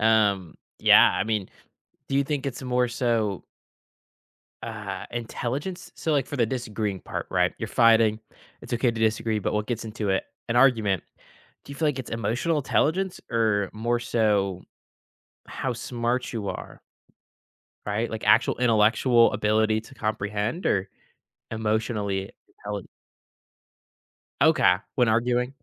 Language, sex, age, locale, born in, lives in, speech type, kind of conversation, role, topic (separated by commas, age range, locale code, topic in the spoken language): English, male, 18-19, en-US, United States, United States, conversation, podcast, host, What are effective ways to resolve conflicts peacefully in everyday life?